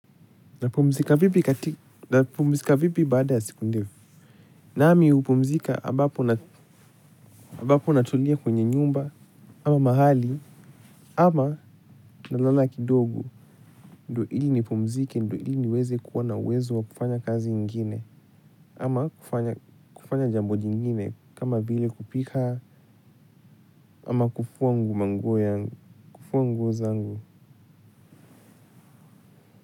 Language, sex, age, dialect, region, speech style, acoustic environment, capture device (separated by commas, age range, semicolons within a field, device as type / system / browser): Swahili, male, 18-24, Kenya - Nairobi Sheng-influenced, Kenya, happy, nature, mobile / Linux / Chrome